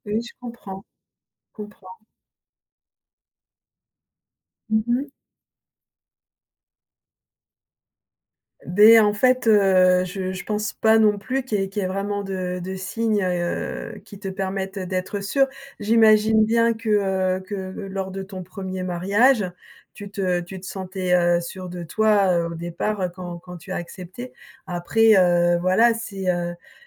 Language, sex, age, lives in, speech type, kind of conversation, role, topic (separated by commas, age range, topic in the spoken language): French, female, 55-59, France, conversation, advice, advisor, Devrais-je accepter cette demande en mariage maintenant ou attendre encore ?